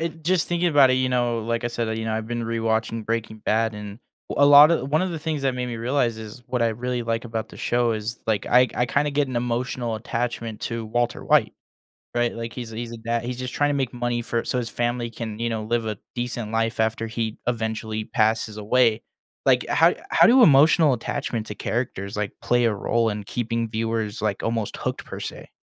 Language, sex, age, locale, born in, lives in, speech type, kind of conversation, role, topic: English, male, 20-24, en-US, United States, United States, conversation, podcast, host, What draws people into a TV show and keeps them wanting more?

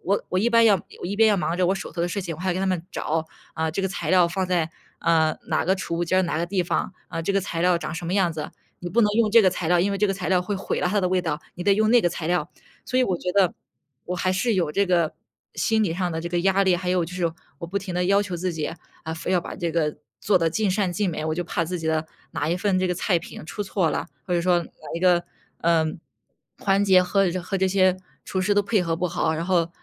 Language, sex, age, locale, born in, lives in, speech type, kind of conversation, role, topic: Chinese, female, 25-29, zh-CN, China, United States, conversation, advice, user, 下班后总是精疲力尽，怎么才能恢复精力？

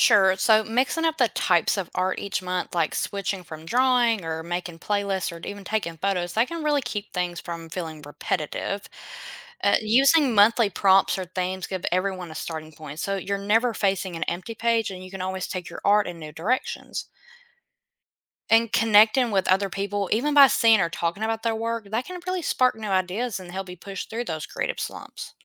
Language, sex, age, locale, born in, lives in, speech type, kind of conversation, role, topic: English, female, 25-29, en-US, United States, United States, read, expert-assistant, expert, Imagine if every person had to create a piece of art each month.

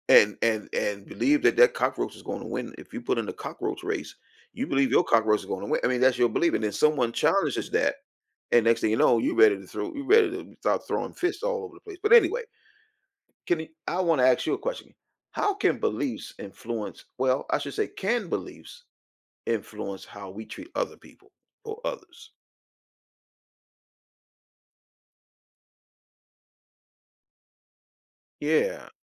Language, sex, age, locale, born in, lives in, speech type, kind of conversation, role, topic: English, male, 55-59, en-US, United States, United States, conversation, podcast, host, How do our beliefs shape the way we relate to and understand others?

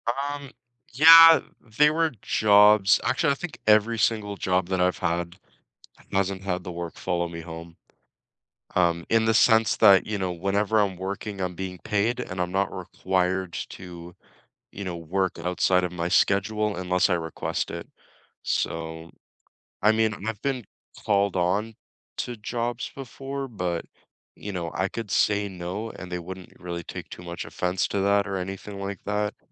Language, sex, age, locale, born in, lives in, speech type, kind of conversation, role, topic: English, male, 20-24, en-CA, Canada, Canada, conversation, unstructured, none, How do you weigh higher pay against protecting your work-life balance?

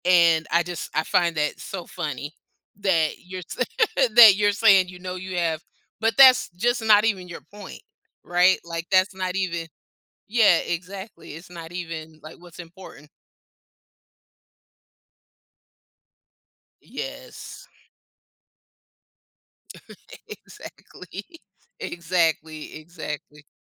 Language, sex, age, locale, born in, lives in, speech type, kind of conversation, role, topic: English, female, 50-54, en-US, United States, United States, conversation, podcast, host, How can understanding your personality help you discover fulfilling hobbies?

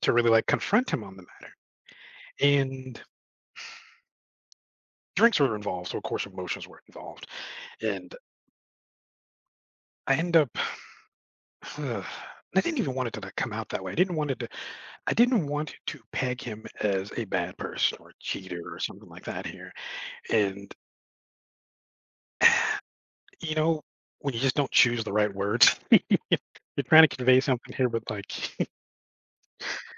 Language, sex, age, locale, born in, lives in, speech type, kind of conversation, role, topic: English, male, 40-44, en-US, United States, United States, conversation, advice, user, How can I apologize sincerely?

